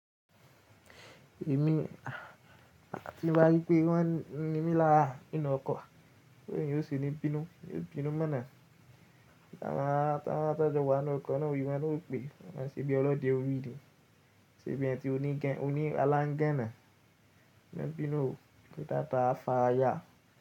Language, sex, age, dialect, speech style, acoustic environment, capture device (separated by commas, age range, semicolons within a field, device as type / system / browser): Yoruba, male, 18-24, Nigeria - Ibadan, relaxed; focused, silence, mobile / Linux / Chrome